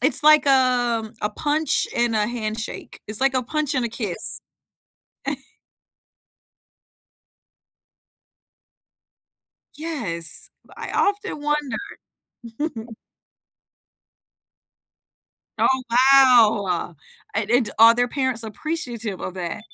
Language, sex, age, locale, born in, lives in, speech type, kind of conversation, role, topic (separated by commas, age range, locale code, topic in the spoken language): English, female, 45-49, en-US, United States, United States, conversation, unstructured, none, What memory still motivates you to take action?